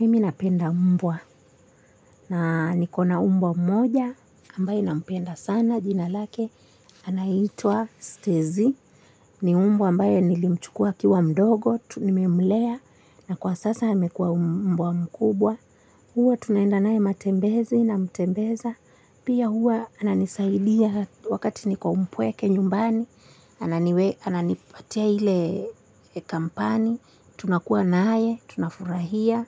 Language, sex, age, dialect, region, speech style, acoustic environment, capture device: Swahili, female, 25-34, Kenya - Mombasa, Kenya, relaxed, silence, mobile / Linux / Chrome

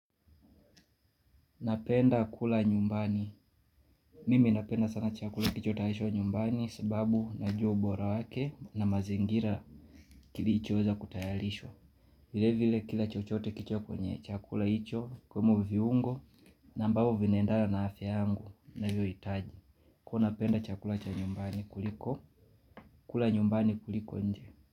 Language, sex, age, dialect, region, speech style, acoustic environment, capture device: Swahili, male, 25-34, Kenya - Mombasa, Kenya, relaxed, people talking, mobile / Linux / Chrome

